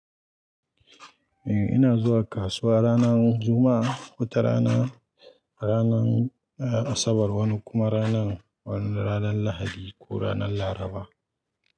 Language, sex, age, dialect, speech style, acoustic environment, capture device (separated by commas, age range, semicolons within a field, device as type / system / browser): Hausa, male, 25-34, Nigeria - Kano, relaxed, nature, mobile / Linux / Chrome